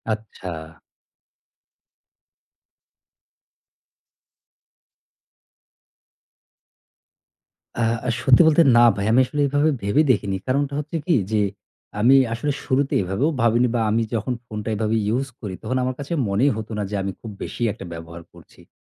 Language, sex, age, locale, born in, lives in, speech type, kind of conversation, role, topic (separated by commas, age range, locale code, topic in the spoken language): Bengali, male, 35-39, bn-BD, Bangladesh, Bangladesh, conversation, advice, user, ফোন কম ব্যবহার করে মনোযোগ বাড়ানোর অভ্যাস ধরে রাখতে আপনার কেন কষ্ট হয়?